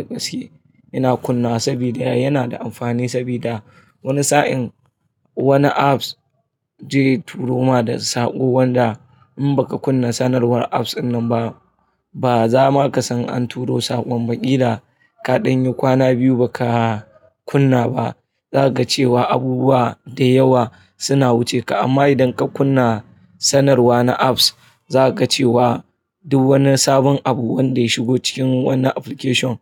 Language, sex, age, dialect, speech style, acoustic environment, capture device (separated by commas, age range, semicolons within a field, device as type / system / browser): Hausa, male, 25-34, Nigeria - Kano, nervous, people talking; traffic; appliances, mobile / Linux / Chrome